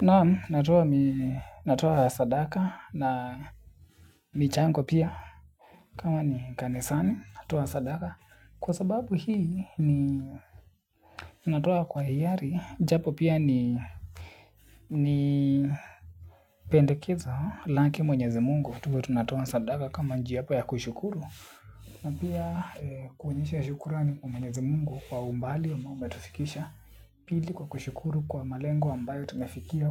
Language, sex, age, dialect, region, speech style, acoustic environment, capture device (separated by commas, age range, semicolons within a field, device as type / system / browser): Swahili, male, 18-24, Kenya - Nairobi Sheng-influenced, Kenya, happy, appliances, desktop / Linux / Chrome